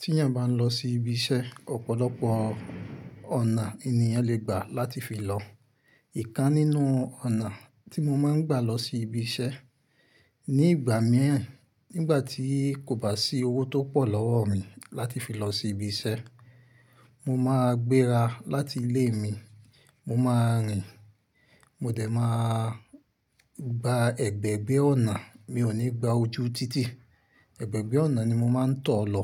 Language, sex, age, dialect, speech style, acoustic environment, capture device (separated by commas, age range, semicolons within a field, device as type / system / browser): Yoruba, male, 25-34, Nigeria - Ibadan, happy, silence, mobile / Linux / Chrome